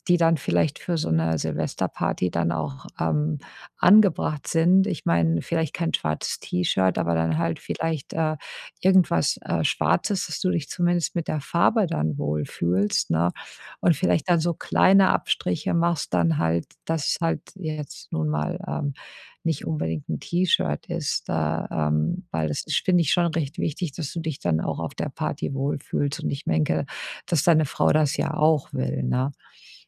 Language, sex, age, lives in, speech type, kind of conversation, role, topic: German, female, 50-54, United States, conversation, advice, advisor, Warum finde ich nie passende Kleidung, egal wo ich sie kaufe?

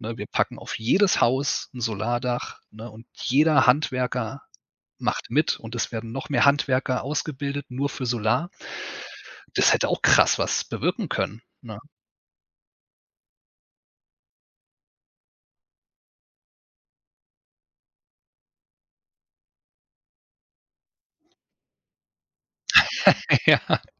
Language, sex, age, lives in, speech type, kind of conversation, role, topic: German, male, 35-39, Germany, conversation, unstructured, none, Wie sollten Medien deiner Meinung nach stärker über positive Ereignisse berichten?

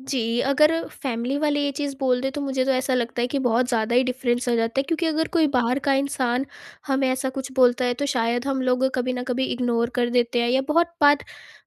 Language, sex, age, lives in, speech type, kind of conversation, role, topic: Hindi, female, 18-19, India, conversation, podcast, guest, Future ko secure karna ya present ko dil se enjoy karna—tum kya choose karoge?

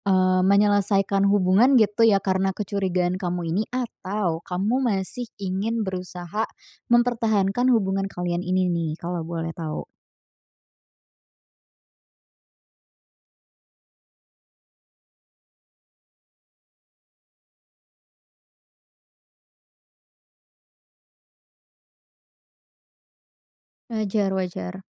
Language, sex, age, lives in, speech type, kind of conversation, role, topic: Indonesian, female, 20-24, Indonesia, conversation, advice, advisor, Bagaimana sebaiknya saya menyikapi kecurigaan bahwa pasangan saya masih berkomunikasi dengan mantannya?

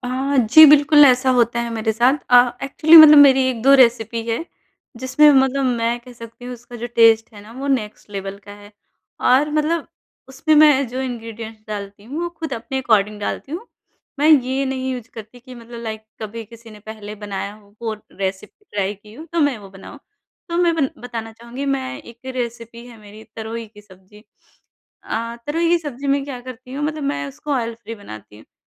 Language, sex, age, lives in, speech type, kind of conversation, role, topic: Hindi, male, 30-34, India, conversation, podcast, guest, Ek simple cooking hack kaunsa hai jo taste turant badal de?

